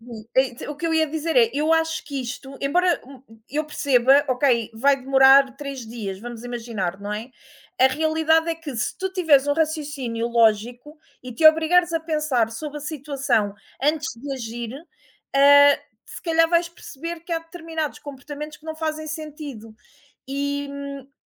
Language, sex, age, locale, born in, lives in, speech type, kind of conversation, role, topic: Portuguese, female, 35-39, pt-PT, Portugal, Portugal, conversation, unstructured, none, O que mais te incomoda na forma como aprendemos hoje?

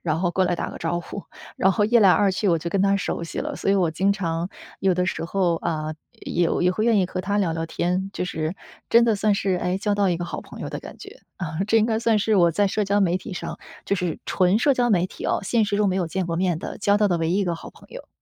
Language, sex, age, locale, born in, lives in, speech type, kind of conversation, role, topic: Chinese, female, 30-34, zh-CN, China, United States, conversation, unstructured, none, 你认为社交媒体会让人与人之间更亲近，还是更疏远？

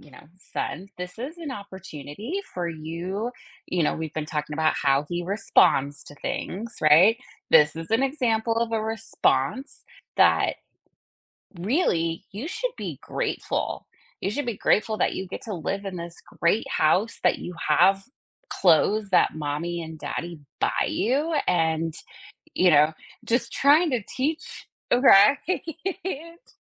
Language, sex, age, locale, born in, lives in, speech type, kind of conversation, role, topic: English, female, 40-44, en-US, United States, United States, conversation, podcast, guest, What helps you maintain a healthy balance between your job and your personal life?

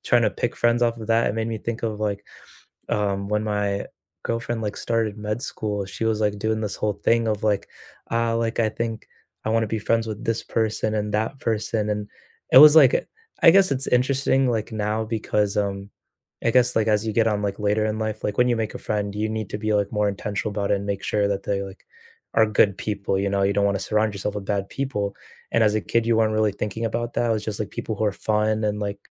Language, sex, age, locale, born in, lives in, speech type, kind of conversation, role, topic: English, male, 20-24, en-US, United States, United States, conversation, unstructured, none, What’s a childhood memory that still makes you smile?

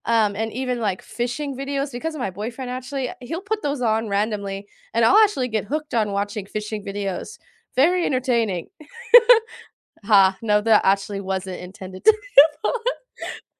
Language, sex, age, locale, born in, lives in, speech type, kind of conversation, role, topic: English, female, 20-24, en-US, United States, United States, conversation, unstructured, none, What hidden-gem podcasts or YouTube channels could we enjoy together, and why?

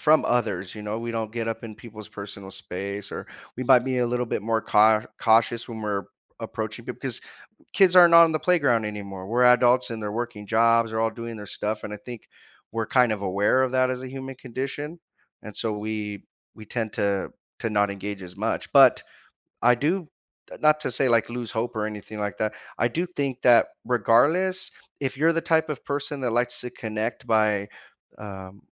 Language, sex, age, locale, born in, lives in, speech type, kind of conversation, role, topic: English, male, 30-34, en-US, United States, United States, conversation, unstructured, none, How do you build and maintain meaningful friendships as an adult so you feel more connected?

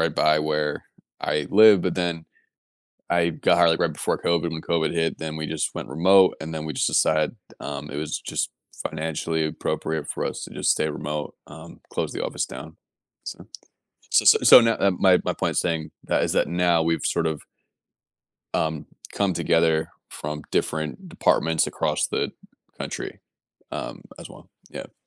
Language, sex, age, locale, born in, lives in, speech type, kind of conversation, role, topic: English, male, 30-34, en-US, United States, United States, conversation, advice, user, How can I improve communication with coworkers?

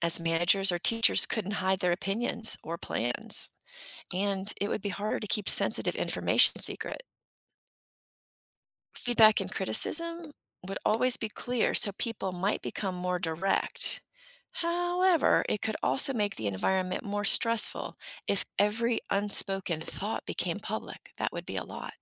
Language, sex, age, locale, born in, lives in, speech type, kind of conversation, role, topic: English, female, 55-59, en-US, United States, United States, read, expert-assistant, expert, What if we could read each other's thoughts?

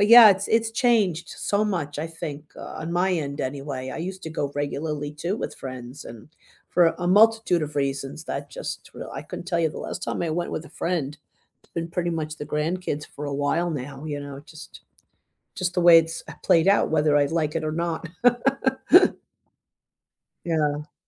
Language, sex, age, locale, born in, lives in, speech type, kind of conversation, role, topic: English, female, 65-69, en-US, United States, United States, conversation, unstructured, none, What was the first movie that truly hooked you, and why?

